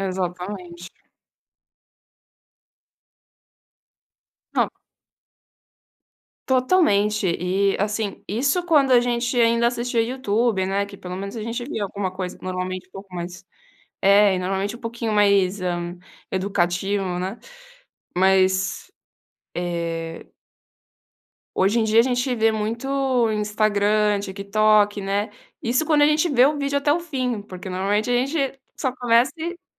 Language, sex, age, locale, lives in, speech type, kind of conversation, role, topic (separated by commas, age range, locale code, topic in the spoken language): Portuguese, female, 20-24, pt-BR, Italy, conversation, podcast, guest, O que te ajuda a desconectar do celular e da pressão?